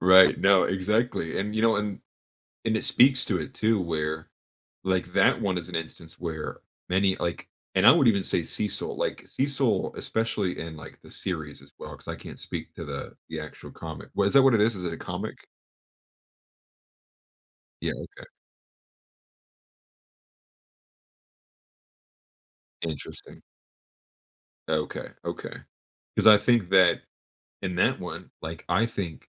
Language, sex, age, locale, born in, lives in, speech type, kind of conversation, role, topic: English, male, 25-29, en-US, United States, United States, conversation, podcast, guest, Why do we connect with certain characters in stories more than others?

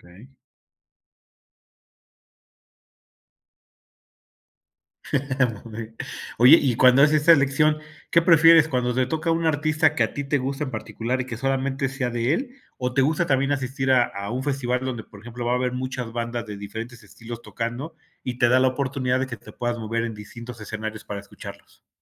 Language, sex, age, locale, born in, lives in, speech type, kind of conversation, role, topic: Spanish, male, 45-49, es-MX, Mexico, Mexico, conversation, podcast, host, ¿Qué es lo que más te gusta de ir a conciertos o festivales?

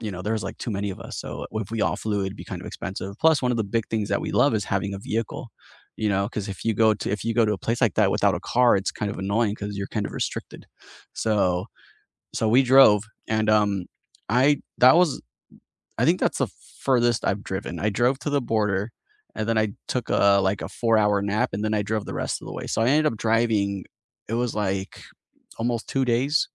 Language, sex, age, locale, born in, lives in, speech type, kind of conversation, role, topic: English, male, 30-34, en-US, United States, United States, conversation, unstructured, none, What is your favorite way to travel and explore—by train to take in the views, by plane for speed, or on a road trip at your own pace?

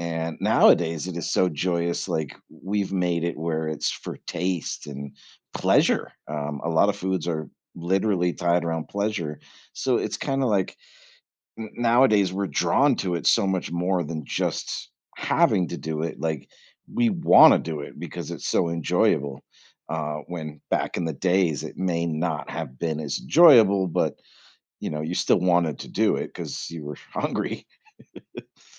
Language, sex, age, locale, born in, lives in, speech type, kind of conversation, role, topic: English, male, 45-49, en-US, United States, United States, conversation, podcast, guest, Why do shared meals play such an important role in building connections between people?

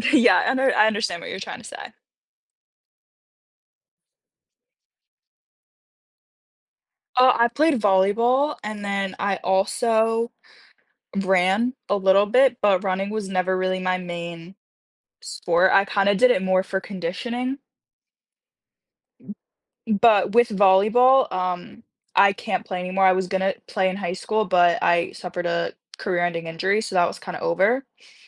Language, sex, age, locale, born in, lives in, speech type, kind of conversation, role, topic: English, female, 18-19, en-US, United States, United States, conversation, unstructured, none, Would you rather prioritize work-life balance or career advancement?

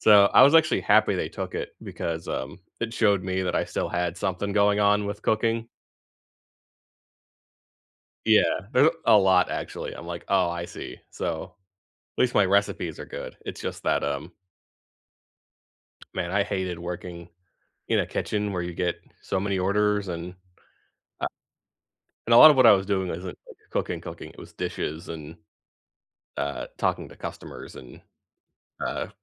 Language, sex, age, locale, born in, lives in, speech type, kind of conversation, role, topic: English, male, 25-29, en-US, United States, United States, conversation, unstructured, none, What is your go-to comfort food, who taught you to make it, and what memories does it bring back?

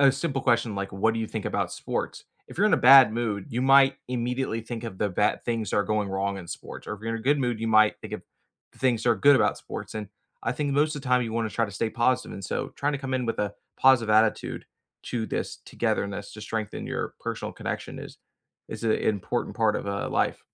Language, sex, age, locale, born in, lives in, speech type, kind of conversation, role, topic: English, male, 25-29, en-US, United States, United States, conversation, unstructured, none, How do you balance personal space and togetherness to strengthen your connection?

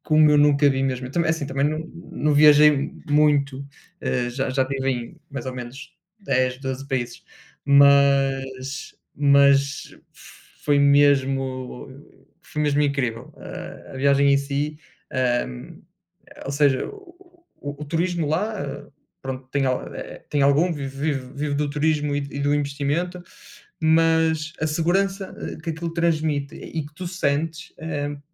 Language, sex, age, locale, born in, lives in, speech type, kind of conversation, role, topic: Portuguese, male, 30-34, pt-PT, Portugal, Portugal, conversation, unstructured, none, O que faz uma viagem ser realmente inesquecível para você?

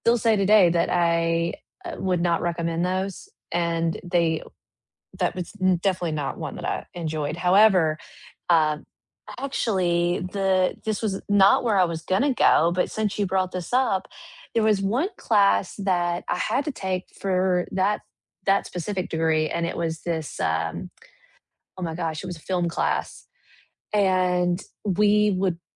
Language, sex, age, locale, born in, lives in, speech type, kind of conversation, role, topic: English, female, 35-39, en-US, United States, United States, conversation, unstructured, none, What online courses would you recommend, and what sets them apart?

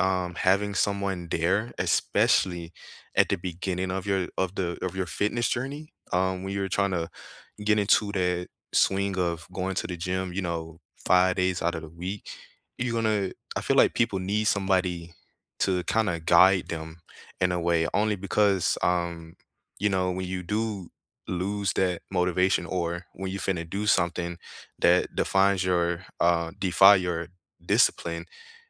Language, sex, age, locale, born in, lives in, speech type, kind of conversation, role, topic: English, male, 25-29, en-US, United States, United States, conversation, unstructured, none, How do you stay motivated to exercise regularly?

